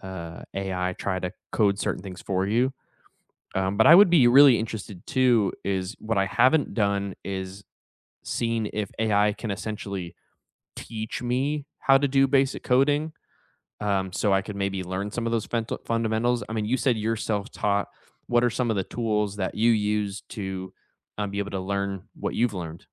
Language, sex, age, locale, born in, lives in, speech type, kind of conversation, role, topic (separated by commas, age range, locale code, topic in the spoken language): English, male, 30-34, en-US, United States, United States, conversation, unstructured, none, What tool or app has actually made your job easier?